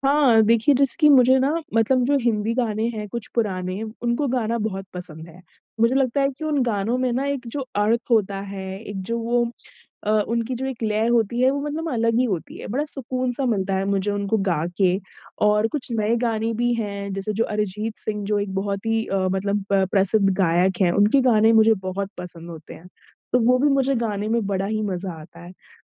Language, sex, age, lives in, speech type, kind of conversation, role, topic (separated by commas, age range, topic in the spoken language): Hindi, female, 25-29, India, conversation, advice, user, व्यस्त दिनचर्या में मैं अपने शौक के लिए समय कैसे निकालूँ?